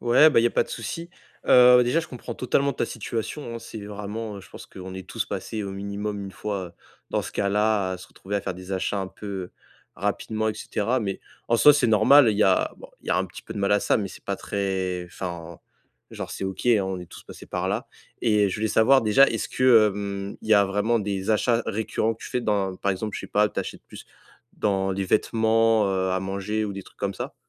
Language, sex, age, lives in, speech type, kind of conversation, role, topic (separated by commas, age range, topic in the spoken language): French, male, 20-24, France, conversation, advice, advisor, Comment puis-je réduire facilement mes achats impulsifs et inutiles ?